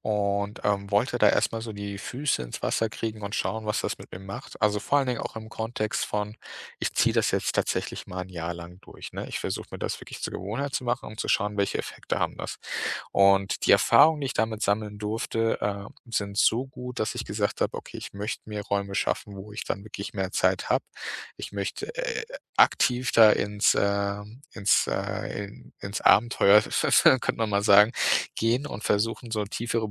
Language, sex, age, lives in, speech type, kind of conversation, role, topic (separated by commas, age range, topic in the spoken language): German, male, 30-34, Germany, conversation, podcast, guest, Welche Tipps würdest du jemandem geben, der gerade mit Meditieren anfängt?